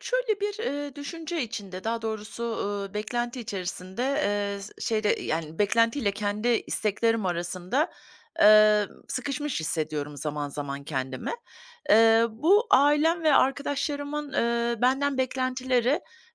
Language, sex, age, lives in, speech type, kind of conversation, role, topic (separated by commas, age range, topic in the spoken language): Turkish, female, 50-54, United States, conversation, advice, user, Başkalarının beklentileriyle kendi isteklerim çatıştığında ne yapmalıyım?